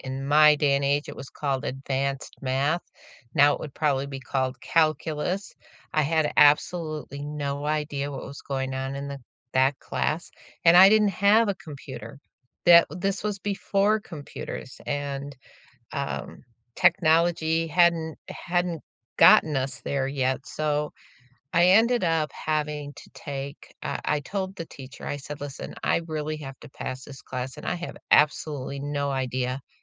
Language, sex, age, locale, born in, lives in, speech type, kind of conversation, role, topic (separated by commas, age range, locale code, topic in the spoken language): English, female, 65-69, en-US, United States, United States, conversation, unstructured, none, How have you experienced access to learning, and what helps open doors for others?